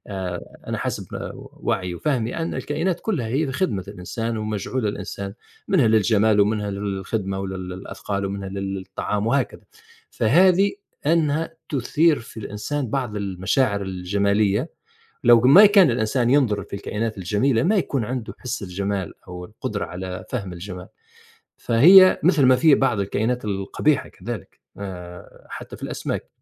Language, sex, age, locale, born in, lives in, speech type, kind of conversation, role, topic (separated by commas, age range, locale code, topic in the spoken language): Arabic, male, 50-54, ar-TN, Tunisia, United States, conversation, unstructured, none, إزاي الحيوانات الأليفة بتأثر على المزاج العام للإنسان؟